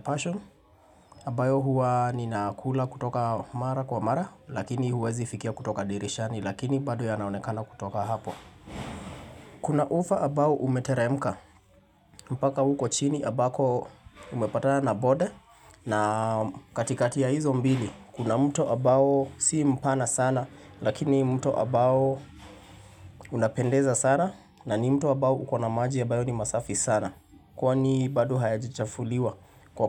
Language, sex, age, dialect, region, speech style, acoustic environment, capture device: Swahili, male, 25-34, Kenya - Nairobi Sheng-influenced, Kenya, relaxed, people talking, mobile / Linux / Chrome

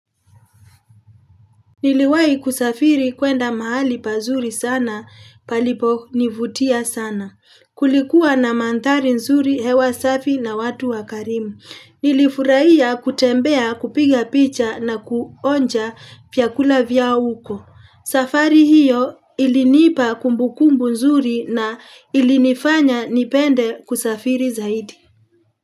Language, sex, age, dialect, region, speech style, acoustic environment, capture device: Swahili, female, 35-44, Kenya - Nairobi Sheng-influenced, Kenya, happy; tired; relaxed; excited; focused, people talking; nature; traffic; construction; appliances, mobile / Linux / Chrome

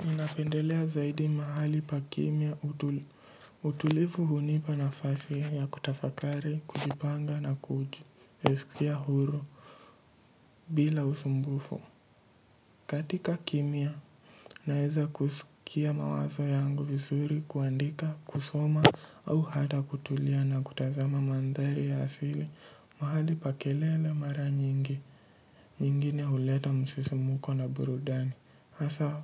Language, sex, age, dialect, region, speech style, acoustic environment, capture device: Swahili, male, 18-24, Kenya - Mombasa, Kenya, relaxed, silence, mobile / Linux / Edge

